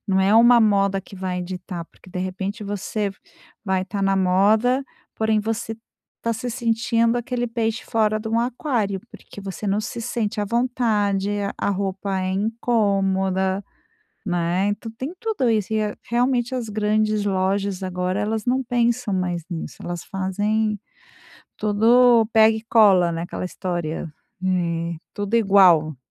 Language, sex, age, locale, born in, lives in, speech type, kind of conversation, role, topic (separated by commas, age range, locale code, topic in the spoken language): Portuguese, female, 50-54, pt-BR, Brazil, Spain, conversation, advice, advisor, Como posso escolher roupas que vistam bem e me favoreçam?